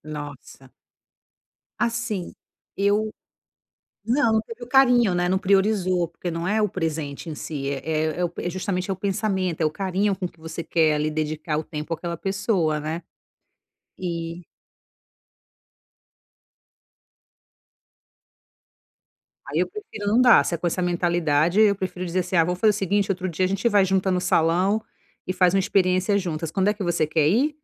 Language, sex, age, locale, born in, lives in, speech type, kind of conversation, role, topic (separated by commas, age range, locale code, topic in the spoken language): Portuguese, female, 35-39, pt-BR, Brazil, Italy, conversation, advice, user, Como posso encontrar boas ideias de presente para pessoas queridas?